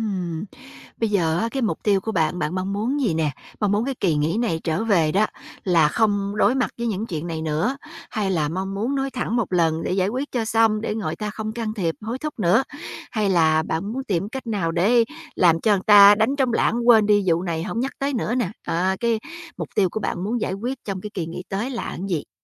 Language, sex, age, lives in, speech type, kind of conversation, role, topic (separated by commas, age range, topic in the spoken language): Vietnamese, female, 45-49, United States, conversation, advice, advisor, Làm sao để giảm áp lực khi kỳ nghỉ đến gần?